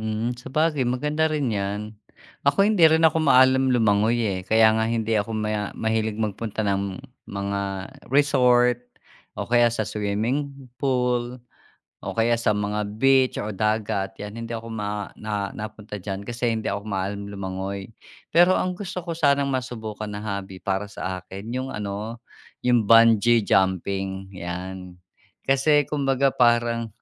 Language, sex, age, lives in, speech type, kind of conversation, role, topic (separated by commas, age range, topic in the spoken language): Filipino, male, 45-49, Philippines, conversation, unstructured, none, Anong hilig ang madalas mong gawin para maibsan ang stress?